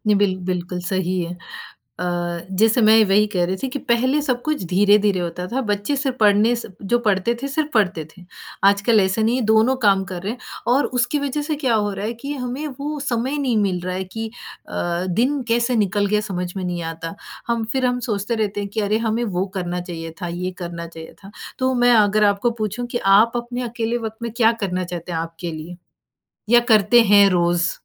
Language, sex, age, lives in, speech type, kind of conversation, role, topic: Hindi, female, 45-49, United States, conversation, podcast, host, अकेले समय में आपको क्या मिलता है?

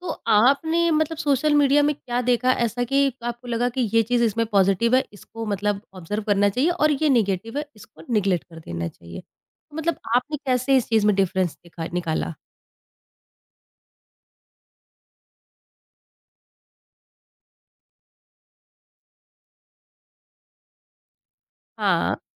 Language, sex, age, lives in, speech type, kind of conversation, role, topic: Hindi, female, 30-34, India, conversation, podcast, host, Tum social media use karte waqt mindful kaise rehte ho?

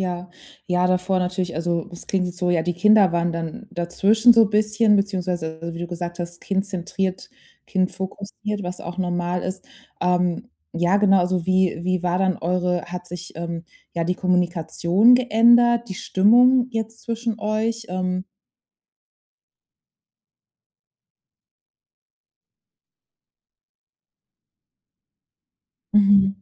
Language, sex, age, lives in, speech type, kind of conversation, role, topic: German, female, 30-34, Germany, conversation, advice, advisor, Wie erlebst du das Ausziehen deiner Kinder, das leere Nest und die Neuorientierung in deiner Partnerschaft?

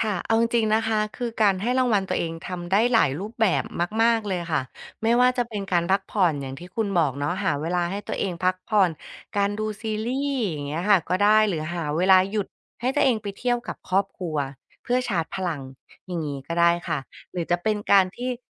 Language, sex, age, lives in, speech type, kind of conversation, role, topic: Thai, female, 35-39, Thailand, conversation, advice, advisor, ทำไมคุณถึงไม่ค่อยติดตามความก้าวหน้าของตัวเองและไม่ค่อยให้รางวัลตัวเอง?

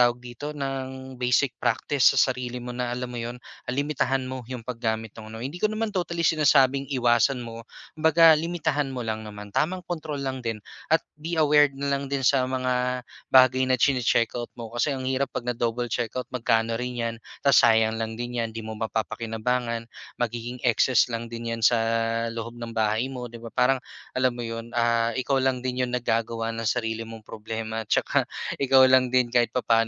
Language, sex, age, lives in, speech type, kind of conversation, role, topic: Filipino, male, 25-29, Philippines, conversation, advice, advisor, Paano ako makakaiwas sa labis at pabigla-biglang paggasta kapag bumibili?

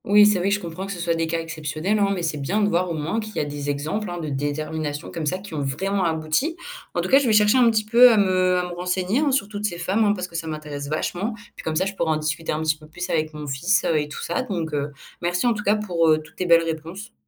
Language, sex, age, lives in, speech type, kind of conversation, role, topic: French, female, 18-19, France, read, expert-assistant, asker, Comment l’histoire aurait-elle changé si la Réforme protestante n’avait jamais eu lieu ?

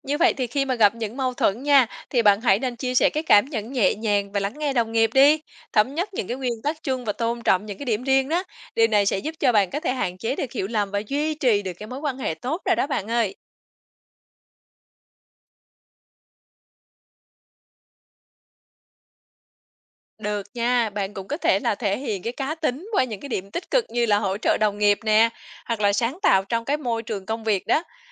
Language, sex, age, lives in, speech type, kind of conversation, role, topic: Vietnamese, female, 35-39, Vietnam, read, expert-assistant, expert, Làm sao để biết mình có hợp với môi trường sống ở một thành phố mới khi chính sách ở đó khác nơi mình từng sống?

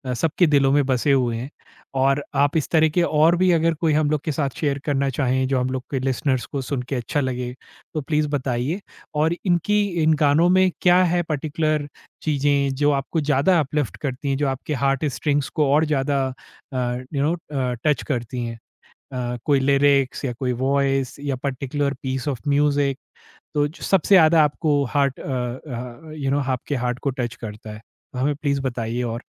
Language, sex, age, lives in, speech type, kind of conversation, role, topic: Hindi, male, 40-44, India, conversation, podcast, host, Kaunsa gaana tumhein ummeed ya himmat deta hai?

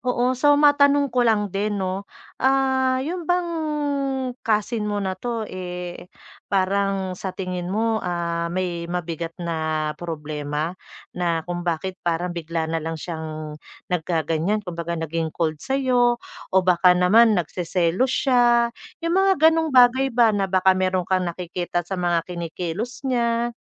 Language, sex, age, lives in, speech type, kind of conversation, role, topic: Filipino, female, 40-44, Philippines, conversation, advice, advisor, Bakit parang hindi ako pinapansin ng mga kamag-anak ko sa mga pagtitipon ng pamilya?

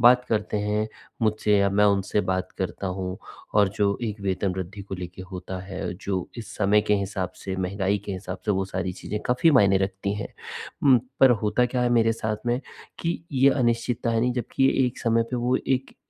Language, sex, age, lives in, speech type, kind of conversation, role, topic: Hindi, male, 45-49, India, conversation, advice, user, मैं रोज़ की अनिश्चितता के बीच मानसिक शांति कैसे पा सकता हूँ?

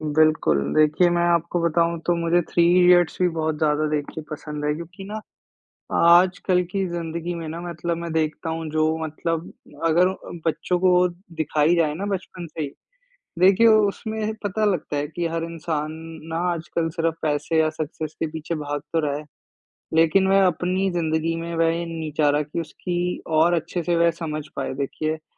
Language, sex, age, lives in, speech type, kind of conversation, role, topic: Hindi, male, 20-24, India, conversation, unstructured, none, आपको कौन-सी नई फिल्म या गाना सबसे ज़्यादा पसंद आया है?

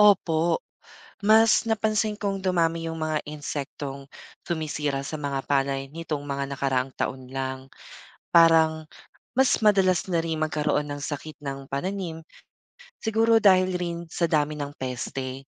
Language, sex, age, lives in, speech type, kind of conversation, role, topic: Filipino, male, 25-29, Philippines, read, expert-assistant, asker, Paano nakakatulong ang mga palaka sa kontrol ng peste sa bukid?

